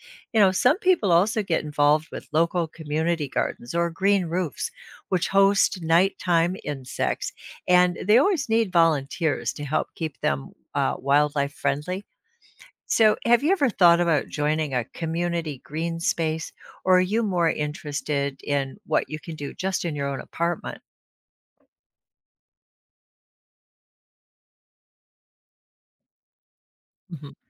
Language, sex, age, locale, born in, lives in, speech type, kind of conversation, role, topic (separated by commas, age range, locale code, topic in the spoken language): English, female, 65-69, en-US, United States, United States, read, expert-assistant, expert, What are easy ways to support local wildlife?